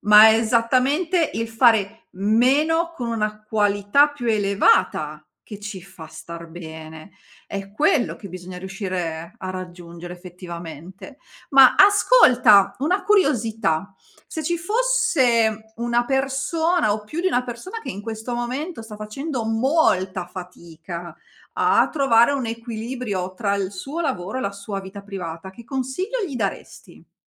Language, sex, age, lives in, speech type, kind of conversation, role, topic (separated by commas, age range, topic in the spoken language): Italian, female, 40-44, Italy, conversation, podcast, host, Qual è il modo migliore per trovare un equilibrio tra lavoro e vita privata?